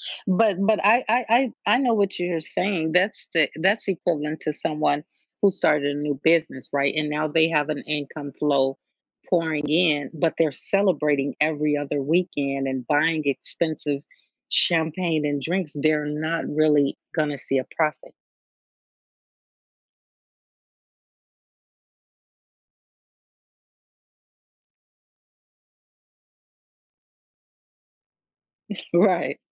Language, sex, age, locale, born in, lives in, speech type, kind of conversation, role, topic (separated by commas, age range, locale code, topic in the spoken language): English, female, 45-49, en-US, United States, United States, conversation, podcast, host, What helps you find a healthy balance between enjoying the present and working toward your future goals?